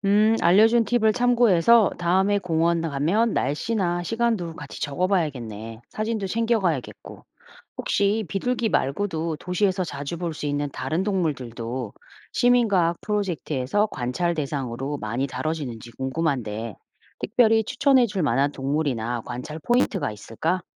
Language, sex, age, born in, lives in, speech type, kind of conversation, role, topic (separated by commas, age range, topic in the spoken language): Korean, female, 45-49, South Korea, United States, read, expert-assistant, asker, 도시에서 비둘기 관찰로 진화를 직접 느낄 수 있을까요?